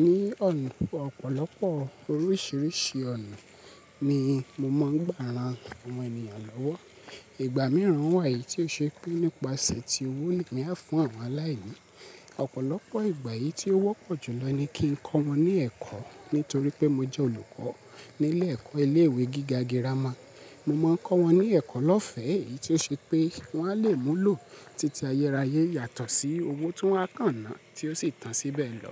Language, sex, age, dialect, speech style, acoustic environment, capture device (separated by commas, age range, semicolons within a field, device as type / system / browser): Yoruba, male, 25-34, Nigeria - Lagos Yoruba, happy, people talking; nature, mobile / Linux / Chrome